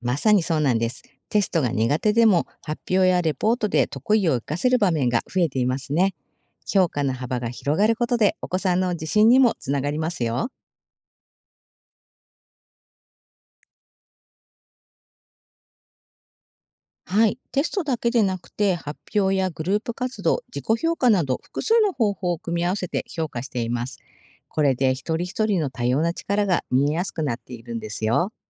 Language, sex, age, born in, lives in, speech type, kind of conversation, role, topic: Japanese, female, 50-54, Japan, Japan, read, expert-assistant, expert, もし授業で記憶よりも体験を重視した学び方に切り替わったら、どんなことが変わると思いますか？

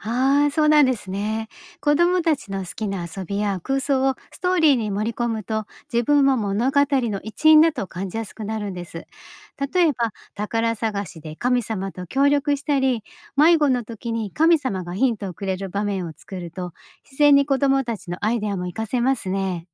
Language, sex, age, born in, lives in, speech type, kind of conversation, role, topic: Japanese, female, 50-54, Japan, Japan, read, expert-assistant, expert, 神様のイメージを変えるとしたら、どんな特徴や性格を加えてみたいですか？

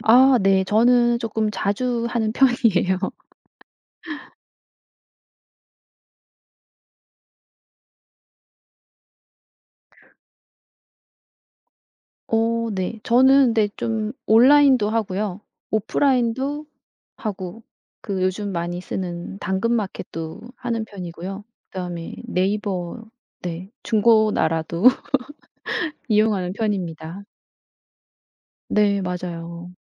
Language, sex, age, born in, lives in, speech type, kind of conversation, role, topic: Korean, female, 55-59, South Korea, South Korea, conversation, podcast, guest, 중고거래를 할 때 주로 어떤 점을 조심하시나요?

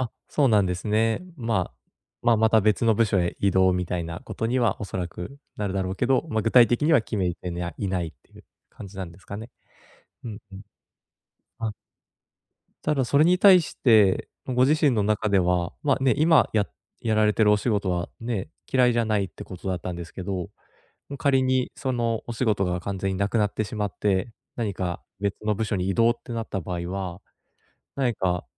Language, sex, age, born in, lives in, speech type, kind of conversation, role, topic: Japanese, male, 30-34, Japan, Japan, conversation, advice, advisor, 未来の見通しが立たなくて不安なとき、どうすれば落ち着けますか？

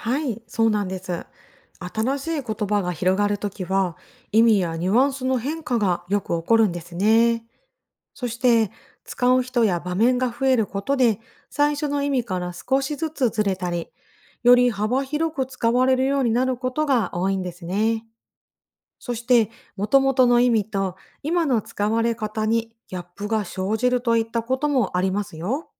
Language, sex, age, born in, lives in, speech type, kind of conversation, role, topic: Japanese, female, 40-44, Japan, Japan, read, expert-assistant, expert, 同じ言葉なのに、世代や地域によって使い方が違うのはなぜなんですか？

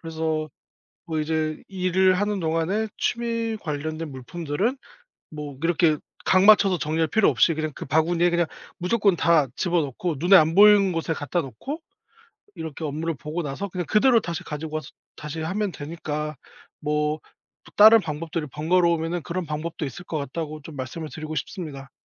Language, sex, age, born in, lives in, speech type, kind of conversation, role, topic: Korean, male, 30-34, South Korea, South Korea, conversation, advice, advisor, 집과 책상 정리 습관을 꾸준히 유지하지 못하는 이유는 무엇인가요?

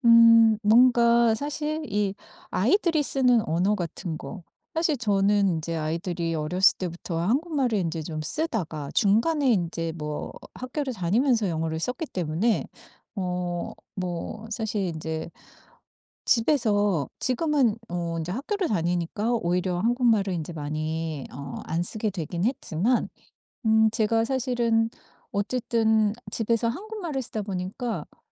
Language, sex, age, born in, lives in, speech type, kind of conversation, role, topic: Korean, female, 50-54, South Korea, United States, conversation, advice, user, 새로운 곳에서 언어와 소통 능력을 어떻게 키울 수 있을까요?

